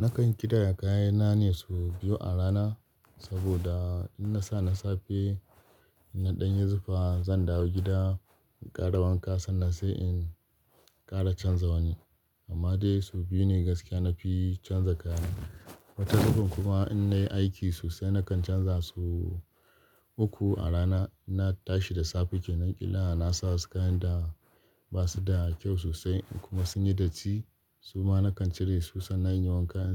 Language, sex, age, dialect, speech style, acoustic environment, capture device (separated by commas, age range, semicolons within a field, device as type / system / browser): Hausa, male, 25-34, Nigeria - Kano, happy; focused, nature, desktop / Linux / Chrome